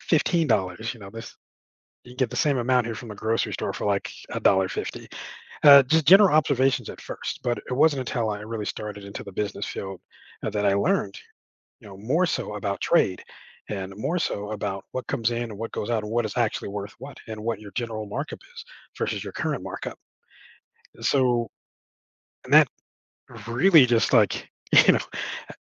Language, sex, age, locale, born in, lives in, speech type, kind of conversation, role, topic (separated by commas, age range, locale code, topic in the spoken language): English, male, 40-44, en-US, United States, United States, conversation, advice, user, How can I manage overwhelming work deadlines?